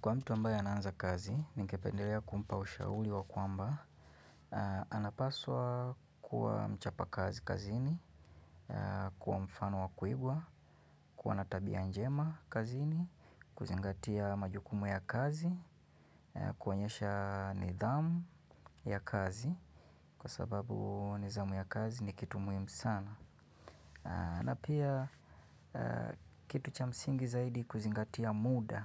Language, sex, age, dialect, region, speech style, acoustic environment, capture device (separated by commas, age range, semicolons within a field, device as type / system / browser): Swahili, male, 25-34, Kenya - Nairobi Sheng-influenced, Kenya, relaxed; focused; happy, silence, mobile / Linux / Chrome